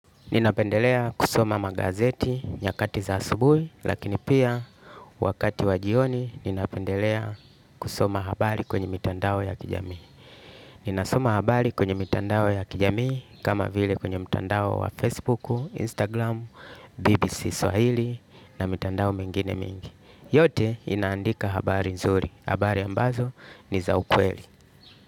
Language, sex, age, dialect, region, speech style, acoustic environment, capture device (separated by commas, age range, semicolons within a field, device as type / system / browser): Swahili, male, 35-44, Tanzania - Dar es Salaam, Tanzania, happy, music; nature, desktop / Linux / Chrome